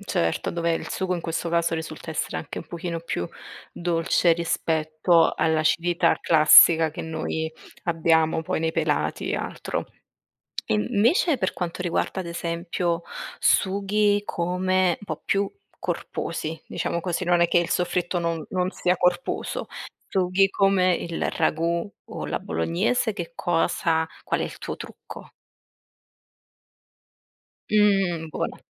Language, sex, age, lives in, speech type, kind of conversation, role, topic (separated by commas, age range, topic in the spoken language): Italian, female, 25-29, Italy, conversation, podcast, host, Qual è il tuo segreto per preparare un sugo perfetto?